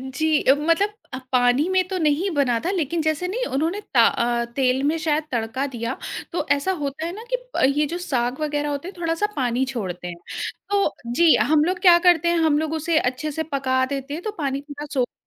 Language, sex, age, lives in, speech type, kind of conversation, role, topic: Hindi, female, 30-34, India, conversation, podcast, guest, किस स्थानीय रसोई ने तुम्हें घर जैसा स्वाद दिया?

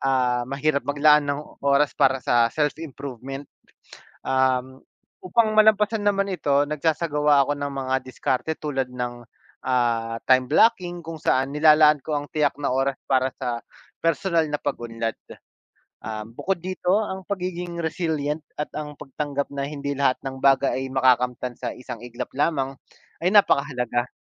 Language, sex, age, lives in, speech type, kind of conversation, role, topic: Filipino, male, 30-34, Philippines, conversation, unstructured, none, Ano ang diskarte mo sa pag-unlad ng iyong sarili?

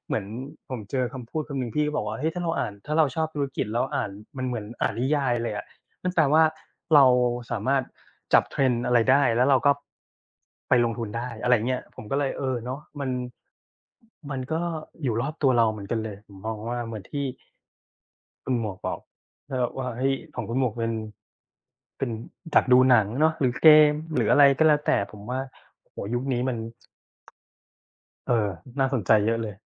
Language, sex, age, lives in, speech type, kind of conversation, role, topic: Thai, male, 40-44, Thailand, conversation, unstructured, none, คุณคิดว่างานอดิเรกช่วยพัฒนาทักษะอะไรได้บ้าง?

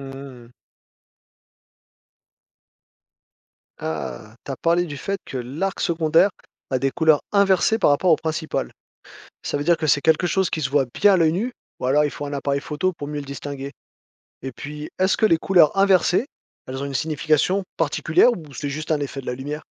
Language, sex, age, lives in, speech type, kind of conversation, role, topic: French, male, 40-44, France, read, expert-assistant, asker, Qu’est-ce qu’un arc-en-ciel et comment se forme-t-il ?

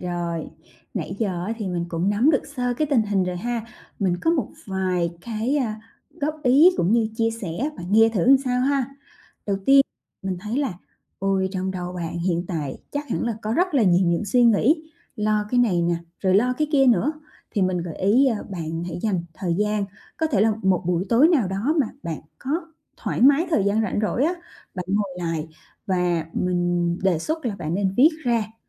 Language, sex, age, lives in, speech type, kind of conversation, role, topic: Vietnamese, female, 30-34, Vietnam, conversation, advice, advisor, Làm sao để bớt lo lắng về một tương lai không chắc chắn?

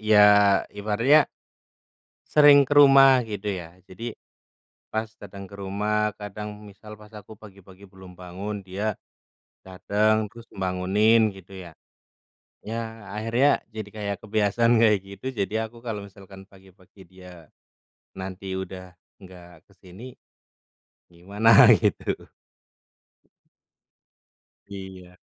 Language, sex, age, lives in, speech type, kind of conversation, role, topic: Indonesian, male, 30-34, Indonesia, conversation, unstructured, none, Apa yang paling sulit bagi Anda saat harus mengucapkan selamat tinggal?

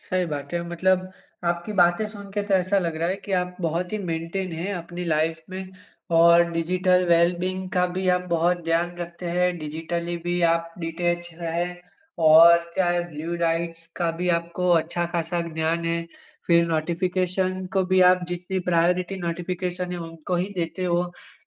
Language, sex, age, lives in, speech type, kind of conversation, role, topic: Hindi, male, 25-29, India, conversation, podcast, host, Public account rakhein ya private, aap kya choose karte ho?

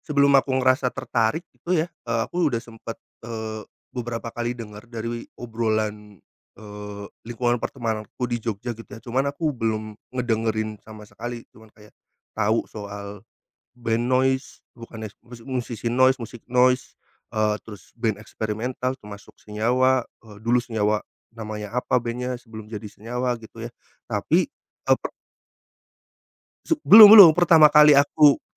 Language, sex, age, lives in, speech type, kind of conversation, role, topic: Indonesian, male, 30-34, Indonesia, conversation, podcast, guest, Pernahkah kamu menonton pertunjukan musik langsung yang mengubah selera musikmu?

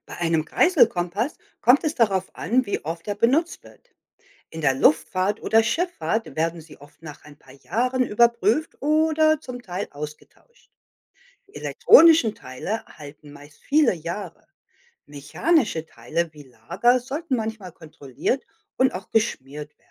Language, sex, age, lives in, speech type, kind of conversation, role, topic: German, female, 55-59, United States, read, expert-assistant, expert, Wie funktioniert ein Kompass?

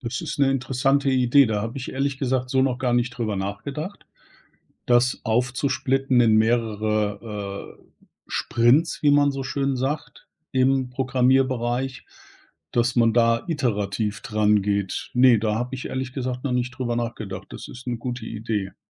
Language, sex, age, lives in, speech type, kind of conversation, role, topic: German, male, 50-54, Germany, conversation, advice, user, Wie verzögert Perfektionismus den Abschluss wichtiger Projekte?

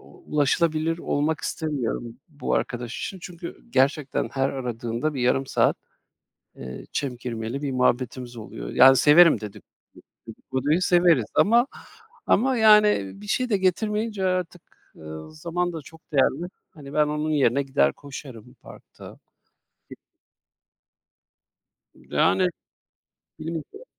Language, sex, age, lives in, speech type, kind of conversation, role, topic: Turkish, male, 40-44, Austria, conversation, advice, user, İşle ev hayatı arasındaki zamanı nasıl dengeleyebilirim?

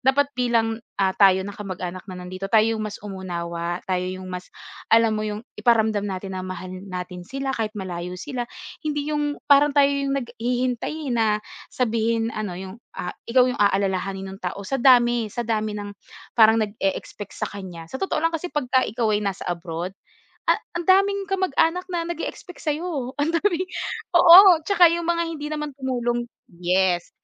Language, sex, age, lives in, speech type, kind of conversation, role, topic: Filipino, female, 25-29, Philippines, conversation, podcast, guest, Ano ang ginagawa ninyo para manatiling malapit sa isa’t isa kahit magkakalayo?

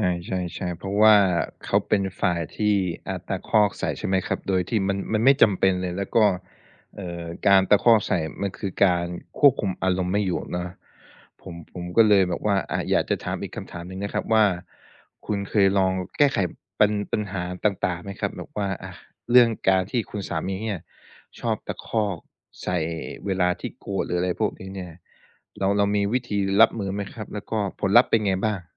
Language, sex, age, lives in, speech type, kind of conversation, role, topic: Thai, male, 25-29, Thailand, conversation, advice, advisor, จะเริ่มแก้ปัญหาการสื่อสารที่วนซ้ำและไม่เข้าใจกันในครอบครัวได้อย่างไร?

